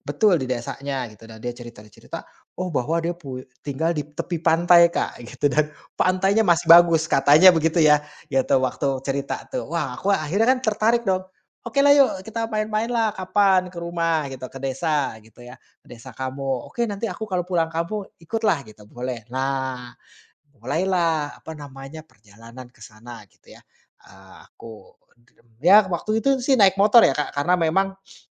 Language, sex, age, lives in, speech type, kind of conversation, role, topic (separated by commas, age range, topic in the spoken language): Indonesian, male, 30-34, Indonesia, conversation, podcast, guest, Apa tipsmu agar bisa menikmati suasana lokal tanpa mengeluarkan banyak uang?